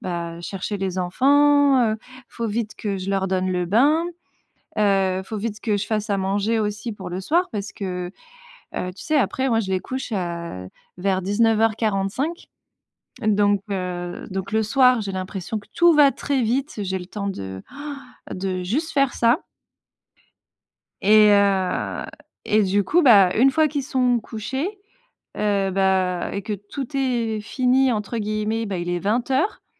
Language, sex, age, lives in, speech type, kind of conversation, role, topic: French, female, 35-39, France, conversation, advice, user, Comment décririez-vous votre épuisement chronique qui rend les tâches ménagères et personnelles impossibles ?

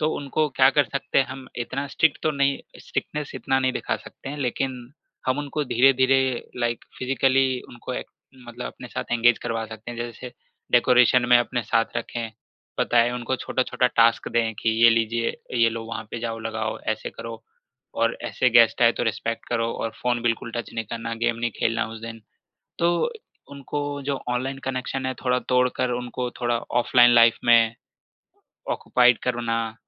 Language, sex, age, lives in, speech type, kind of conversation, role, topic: Hindi, male, 25-29, India, conversation, podcast, guest, Kya tumhari koi tyohaar ki aisi yaad hai jo aaj bhi dil chhoo jaati hai?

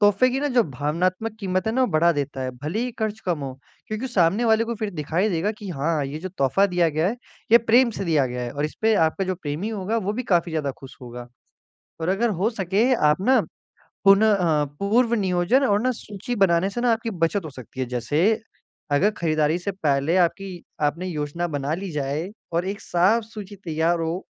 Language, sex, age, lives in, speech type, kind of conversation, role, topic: Hindi, male, 18-19, India, conversation, advice, advisor, कम बजट में अच्छा स्टाइल और सही तोहफा कैसे ढूंढें?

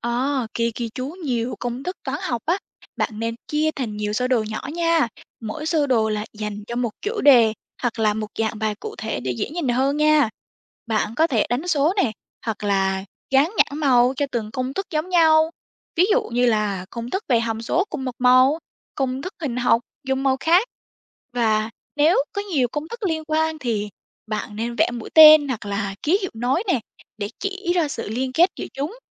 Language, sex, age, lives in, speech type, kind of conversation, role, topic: Vietnamese, female, 18-19, Vietnam, read, expert-assistant, expert, Làm thế nào để xây dựng mục tiêu học tập rõ ràng?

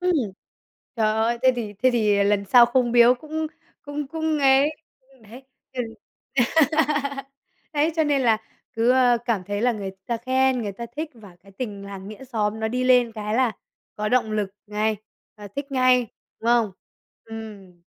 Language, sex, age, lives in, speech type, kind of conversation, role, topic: Vietnamese, male, 20-24, Vietnam, conversation, podcast, host, Làm sao để kết nối với hàng xóm dễ dàng hơn?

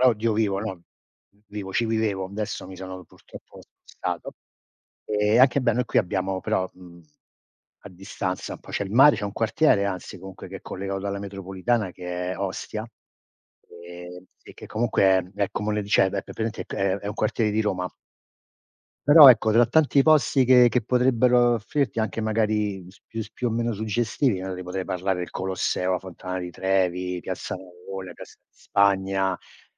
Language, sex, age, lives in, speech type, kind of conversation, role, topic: Italian, male, 60-64, United States, conversation, unstructured, none, Qual è, secondo te, il posto migliore della tua città?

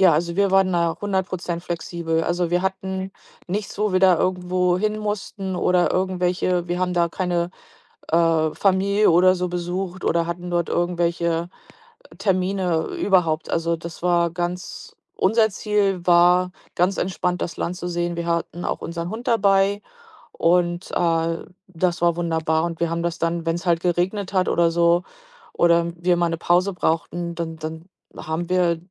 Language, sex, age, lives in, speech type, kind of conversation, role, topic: German, female, 45-49, United States, conversation, podcast, guest, Welchen Rat würdest du einem Reiseneuling geben?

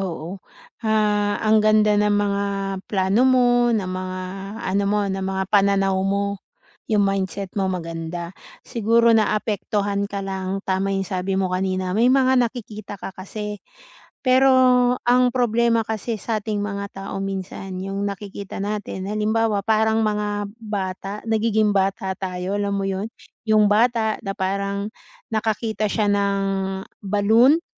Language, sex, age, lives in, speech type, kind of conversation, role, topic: Filipino, female, 40-44, Philippines, conversation, advice, advisor, Paano ko malalaman kung ano ang sarili kong sukatan ng tagumpay?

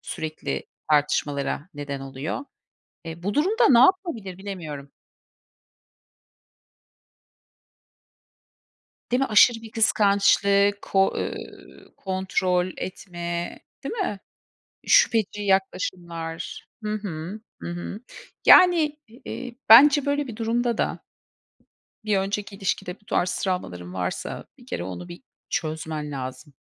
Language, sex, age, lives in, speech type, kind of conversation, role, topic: Turkish, female, 45-49, United States, conversation, unstructured, none, Romantik bir ilişkide sürekli tartışmak normal mi?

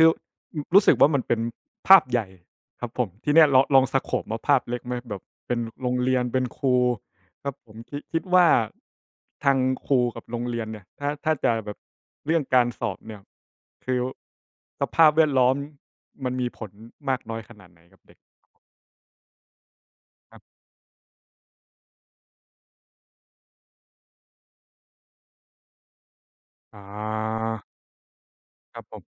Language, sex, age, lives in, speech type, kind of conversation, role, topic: Thai, male, 25-29, Thailand, conversation, unstructured, none, คุณคิดว่าการสอบบ่อย ๆ ช่วยพัฒนาเด็กหรือทำลายเด็กกันแน่?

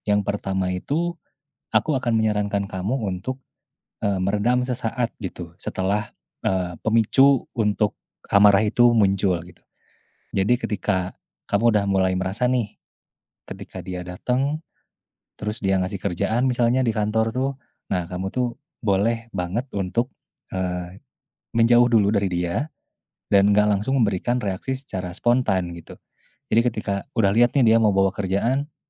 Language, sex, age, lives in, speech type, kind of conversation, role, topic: Indonesian, male, 20-24, Indonesia, conversation, advice, advisor, Mengapa saya sering marah lalu menyesal?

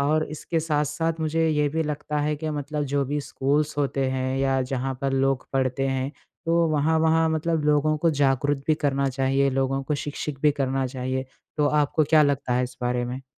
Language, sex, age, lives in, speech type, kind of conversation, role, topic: Hindi, male, 20-24, India, conversation, unstructured, none, क्या यात्रा के दौरान गंदगी देखकर कभी आपका मन खराब हुआ है?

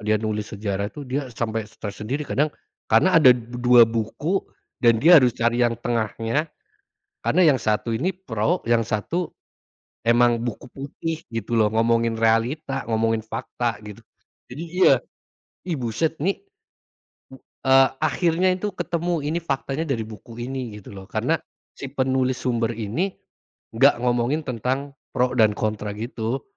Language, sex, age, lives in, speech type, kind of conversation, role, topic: Indonesian, male, 30-34, Indonesia, conversation, unstructured, none, Seberapa besar pengaruh propaganda dalam membentuk sejarah menurutmu?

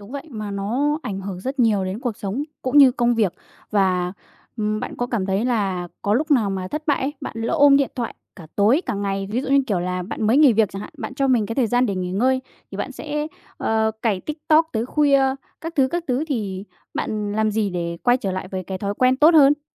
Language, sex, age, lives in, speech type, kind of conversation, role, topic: Vietnamese, female, 20-24, Vietnam, conversation, podcast, host, Bạn thường quản lý thời gian sử dụng màn hình như thế nào?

